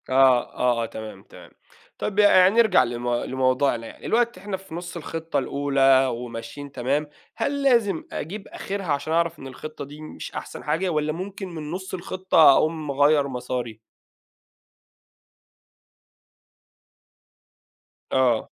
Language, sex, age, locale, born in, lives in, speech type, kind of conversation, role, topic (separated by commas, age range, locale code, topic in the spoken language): Arabic, male, 30-34, ar-EG, Saudi Arabia, Egypt, conversation, podcast, host, إيه اللي بتعمله لما تحس إنك مش بتتقدّم؟